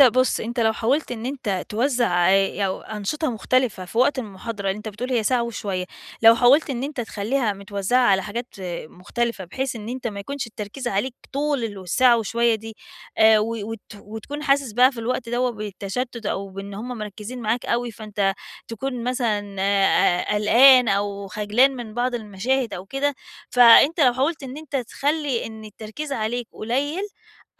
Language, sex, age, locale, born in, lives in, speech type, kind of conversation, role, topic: Arabic, female, 40-44, ar-EG, Egypt, Portugal, conversation, advice, advisor, ازاي أتحكم في توتري وأنا بتكلم قدام جمهور كبير؟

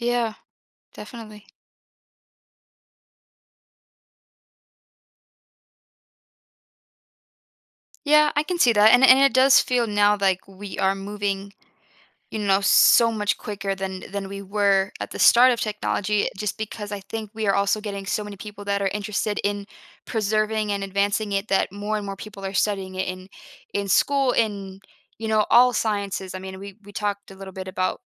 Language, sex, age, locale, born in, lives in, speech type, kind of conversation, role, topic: English, female, 25-29, en-US, United States, United States, conversation, podcast, host, In what ways does scientific progress shape the way we live and solve everyday problems?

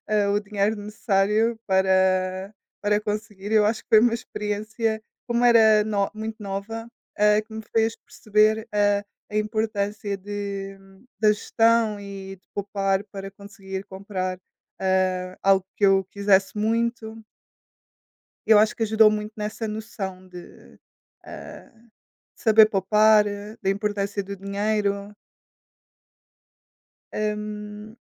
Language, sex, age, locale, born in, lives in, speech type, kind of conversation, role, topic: Portuguese, female, 20-24, pt-PT, Portugal, Portugal, conversation, unstructured, none, Qual é a importância de economizar dinheiro para o futuro?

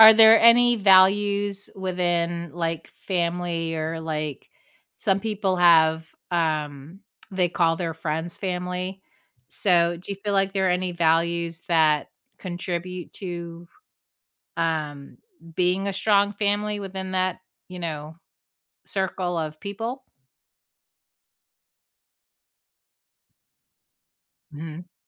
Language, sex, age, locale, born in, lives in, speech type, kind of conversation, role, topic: English, female, 45-49, en-US, United States, United States, conversation, podcast, host, What helps families stay close and supportive through challenges?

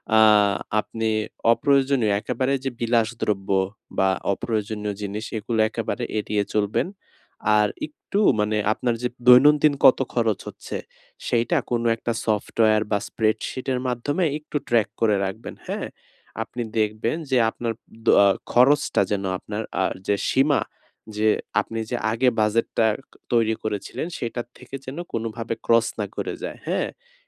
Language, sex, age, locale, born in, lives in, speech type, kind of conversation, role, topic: Bengali, male, 20-24, bn-BD, Bangladesh, Bangladesh, conversation, advice, advisor, দৈনন্দিন খরচে সচেতনতা বাড়াতে আপনি কীভাবে বাস্তবসম্মত খরচের সীমা নির্ধারণ করতে পারেন?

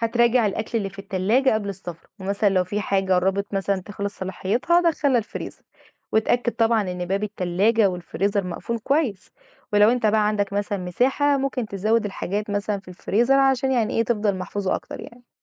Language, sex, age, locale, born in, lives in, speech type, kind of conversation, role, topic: Arabic, female, 20-24, ar-EG, Egypt, Egypt, read, expert-assistant, expert, إيه العادات اليومية اللي تساعدني أحافظ على سلامة الأكل في البيت من أول ما أشتريه لحد ما أطبخه؟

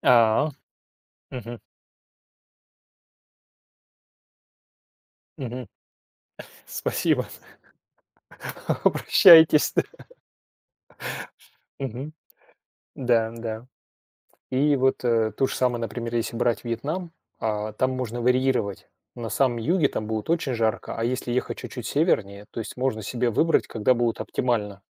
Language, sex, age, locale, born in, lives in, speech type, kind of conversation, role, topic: Russian, male, 45-49, ru-RU, Russia, Germany, conversation, unstructured, none, Как изменение климата влияет на нашу жизнь?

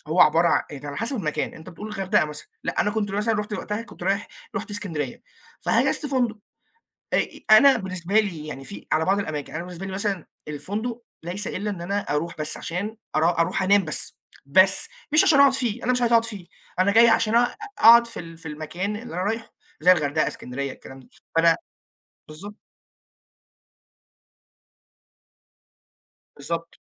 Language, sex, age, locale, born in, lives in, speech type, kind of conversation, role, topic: Arabic, male, 20-24, ar-EG, Egypt, Egypt, conversation, unstructured, none, هل اتعصبت قبل كده بسبب قلة نظافة فندق أو أي مرفق؟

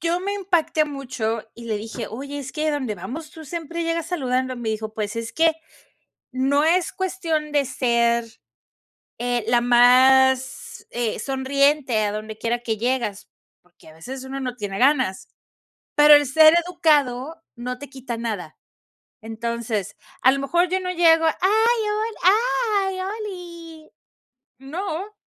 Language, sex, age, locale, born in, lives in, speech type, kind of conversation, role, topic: Spanish, female, 45-49, es-MX, Mexico, Mexico, conversation, advice, advisor, ¿Cómo puedo dejar de sentirme excluido o desconectado en las fiestas?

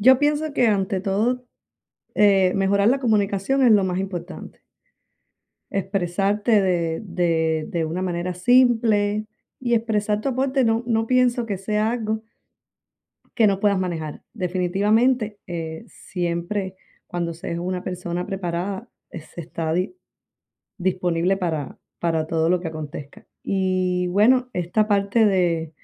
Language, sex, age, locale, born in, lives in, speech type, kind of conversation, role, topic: Spanish, female, 35-39, es-CU, Cuba, United States, conversation, advice, advisor, ¿Cómo te has sentido inseguro al pedir reconocimiento o crédito por tu trabajo?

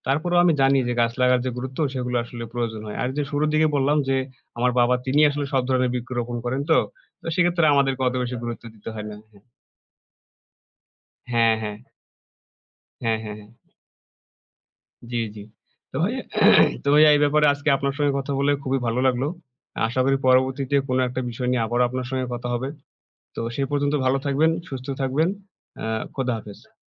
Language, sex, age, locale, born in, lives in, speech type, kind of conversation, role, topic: Bengali, male, 20-24, bn-BD, Bangladesh, Bangladesh, conversation, unstructured, none, গাছ লাগানো আপনার জীবনে কী পরিবর্তন এনেছে?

